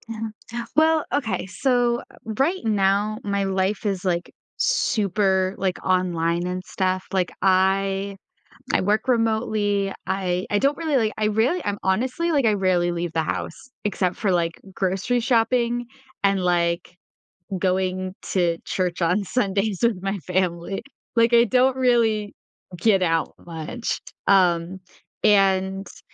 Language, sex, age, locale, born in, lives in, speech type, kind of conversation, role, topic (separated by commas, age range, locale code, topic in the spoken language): English, female, 20-24, en-US, United States, United States, conversation, advice, user, How can I make new friends as an adult?